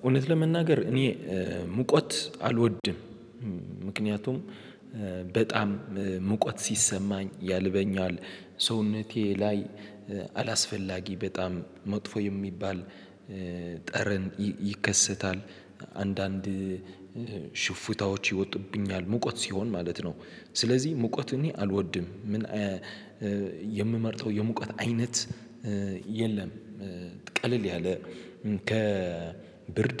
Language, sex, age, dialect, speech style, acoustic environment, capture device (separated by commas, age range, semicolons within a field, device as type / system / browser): Amharic, male, 18-24, Ethiopia - Addis Ababa, excited, silence, mobile / Linux / Chrome